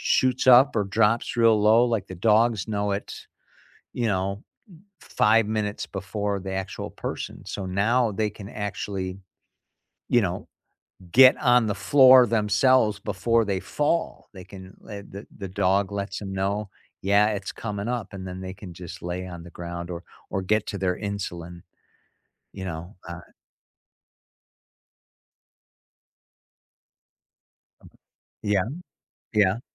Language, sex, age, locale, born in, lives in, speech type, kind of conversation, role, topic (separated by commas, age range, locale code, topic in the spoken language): English, male, 55-59, en-US, United States, United States, conversation, unstructured, none, What’s the most unexpected way pets have shown bravery?